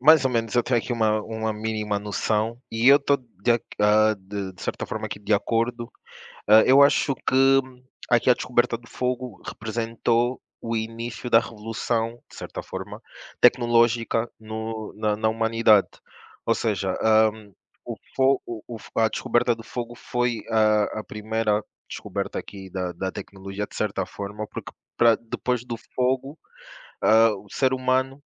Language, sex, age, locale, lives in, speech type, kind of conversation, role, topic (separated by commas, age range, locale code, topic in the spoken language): Portuguese, male, 25-29, pt-PT, Portugal, conversation, unstructured, none, O que a descoberta do fogo representou para os seres humanos?